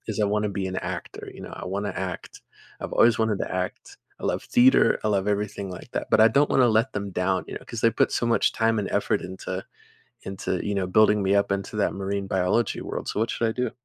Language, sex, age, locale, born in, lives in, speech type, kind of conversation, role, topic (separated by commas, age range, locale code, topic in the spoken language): English, male, 20-24, en-US, United States, United States, conversation, advice, user, How can I stop disappointing my parents?